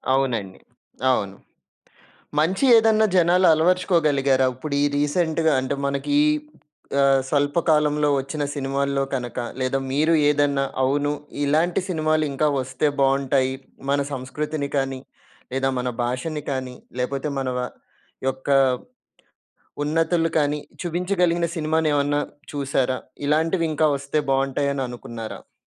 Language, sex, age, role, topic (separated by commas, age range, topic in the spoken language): Telugu, male, 30-34, host, సినిమాలు మన సంస్కృతిని ఎలా ప్రతిబింబిస్తాయని మీరు అనుకుంటారు?